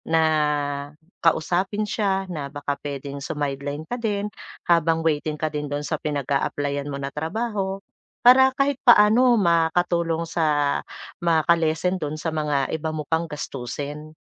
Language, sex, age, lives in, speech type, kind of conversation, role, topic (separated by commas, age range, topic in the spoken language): Filipino, female, 40-44, Philippines, conversation, advice, advisor, Paano ko masosolusyunan ang kakulangan ng kita para matustusan ang buwanang gastusin?